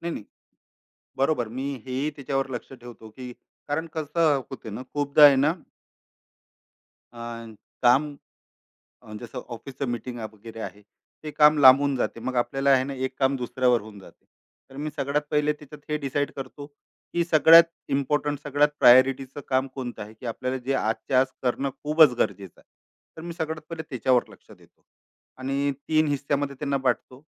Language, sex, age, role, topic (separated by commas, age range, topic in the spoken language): Marathi, male, 35-39, guest, दिवसभरातील ऊर्जा-स्तरांतील चढ-उतार आपण कसे लक्षात ठेवू शकतो?